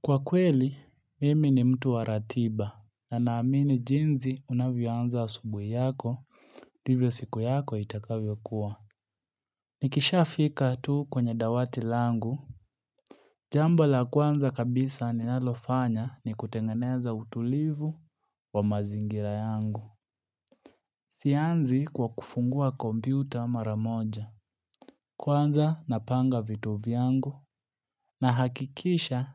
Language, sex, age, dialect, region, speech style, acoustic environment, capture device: Swahili, male, 25-34, Kenya - Nairobi Sheng-influenced, Kenya, relaxed, silence, mobile / Linux / Chrome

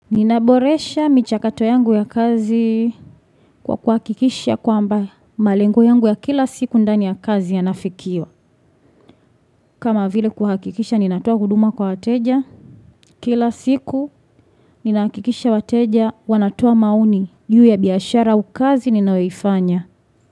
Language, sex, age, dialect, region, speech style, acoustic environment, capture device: Swahili, female, 25-34, Tanzania - Dar es Salaam, Tanzania, happy, people talking, desktop / Windows / Chrome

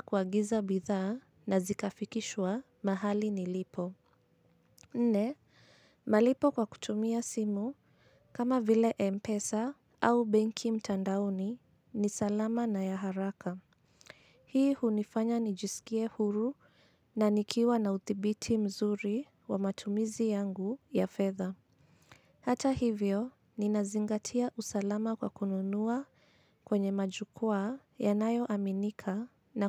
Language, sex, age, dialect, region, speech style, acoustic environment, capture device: Swahili, female, 25-34, Kenya - Nairobi Sheng-influenced, Kenya, anxious, silence; nature, mobile / Linux / Chrome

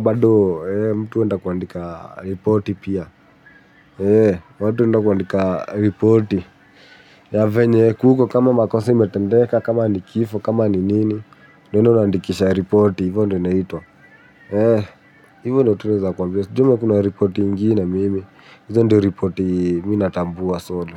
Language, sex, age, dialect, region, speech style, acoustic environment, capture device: Swahili, male, 18-24, Kenya - Nairobi Sheng-influenced, Kenya, happy, music; nature, mobile / Linux / Chrome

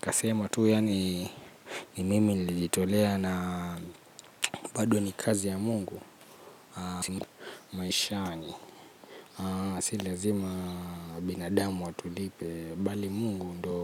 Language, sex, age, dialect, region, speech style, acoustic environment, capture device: Swahili, male, 25-34, Kenya - Nairobi Sheng-influenced, Kenya, relaxed; anxious, nature, mobile / Linux / Chrome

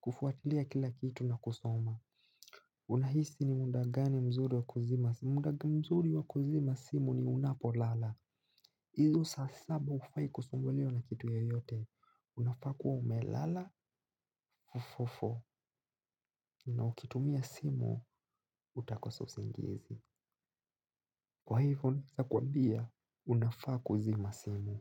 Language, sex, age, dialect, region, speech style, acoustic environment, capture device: Swahili, male, 18-24, Kenya - Nairobi Sheng-influenced, Kenya, relaxed, silence, mobile / Linux / Chrome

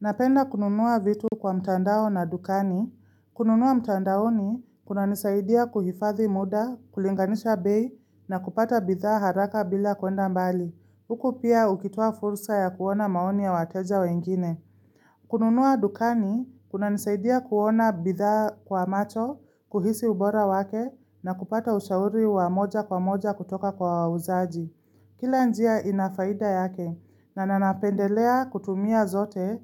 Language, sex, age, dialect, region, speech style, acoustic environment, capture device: Swahili, female, 25-34, Kenya - Nairobi Sheng-influenced, Kenya, happy; focused, silence, mobile / Linux / Chrome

